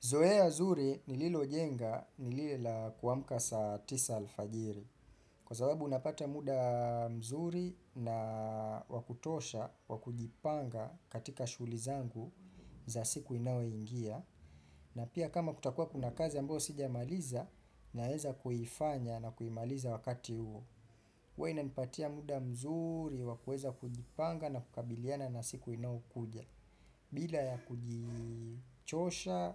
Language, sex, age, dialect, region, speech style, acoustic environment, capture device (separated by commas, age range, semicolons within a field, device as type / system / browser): Swahili, male, 25-34, Kenya - Mombasa, Kenya, relaxed, nature, desktop / Windows / Chrome